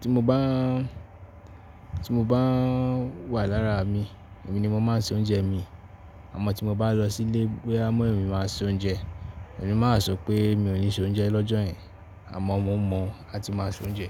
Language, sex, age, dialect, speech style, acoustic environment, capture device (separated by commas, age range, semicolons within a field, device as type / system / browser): Yoruba, male, 18-24, Nigeria - Ibadan, focused, nature, mobile / Linux / Chrome